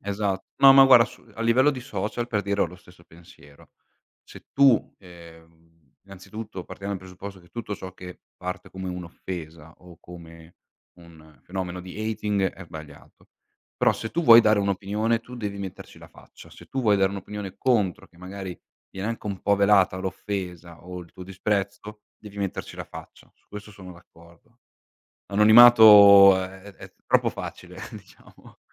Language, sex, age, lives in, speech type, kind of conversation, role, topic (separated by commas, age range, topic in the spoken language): Italian, male, 25-29, Italy, conversation, unstructured, none, Qual è il ruolo della partecipazione dei cittadini nella politica?